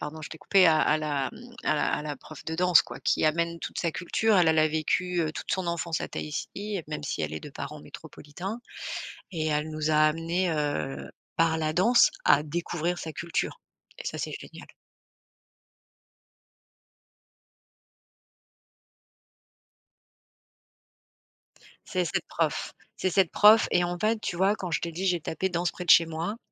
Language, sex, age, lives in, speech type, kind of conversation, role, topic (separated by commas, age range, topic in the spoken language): French, female, 45-49, France, conversation, unstructured, none, Qu’est-ce qui te motive à pratiquer un loisir ?